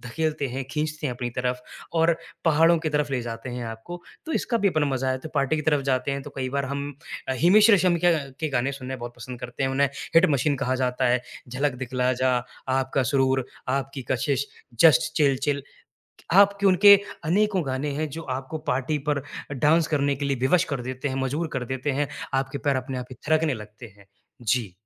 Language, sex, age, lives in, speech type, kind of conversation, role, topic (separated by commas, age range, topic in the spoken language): Hindi, male, 25-29, India, conversation, podcast, guest, आप अपनी प्लेलिस्ट के लिए गाने कैसे चुनते हैं?